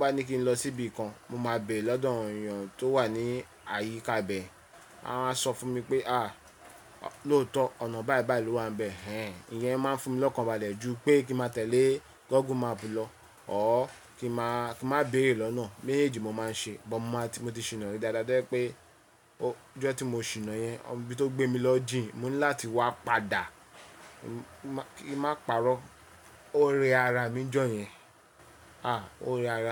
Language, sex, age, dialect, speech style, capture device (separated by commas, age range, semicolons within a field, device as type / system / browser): Yoruba, male, 35-44, Nigeria - Lagos Yoruba, relaxed, mobile / Linux / Chrome